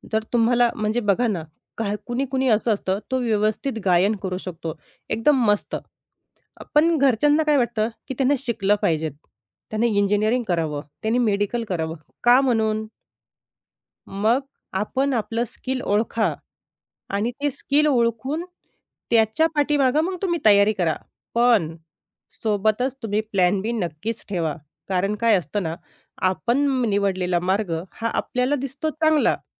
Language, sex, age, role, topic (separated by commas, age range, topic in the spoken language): Marathi, female, 30-34, guest, तुला घडवण्यात महत्त्वाची भूमिका बजावणारा शिक्षणाशी संबंधित कोणता क्षण होता?